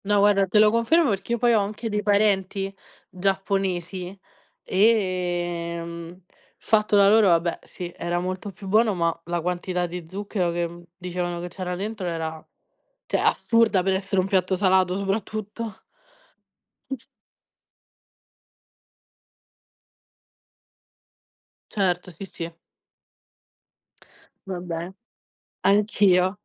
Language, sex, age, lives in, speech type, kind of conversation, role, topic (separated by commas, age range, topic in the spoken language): Italian, female, 20-24, Italy, conversation, unstructured, none, Quali sono i cibi che associ a momenti felici?